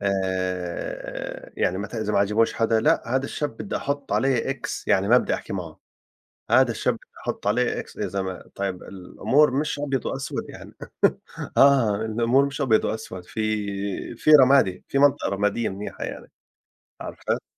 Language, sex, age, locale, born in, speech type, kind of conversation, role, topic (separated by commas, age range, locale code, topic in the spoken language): Arabic, male, 40-44, ar-JO, Saudi Arabia, conversation, unstructured, none, إيه دور الحب والاحترام في إننا نعدّي الخلافات؟